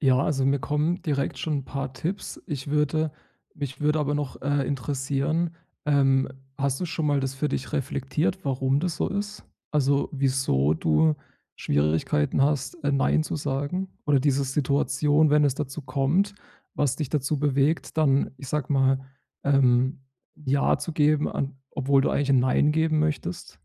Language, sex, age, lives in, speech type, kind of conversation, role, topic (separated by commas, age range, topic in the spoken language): German, male, 30-34, Germany, conversation, advice, advisor, Wie entscheide ich, wann ich zu sozialen Einladungen Nein sagen sollte?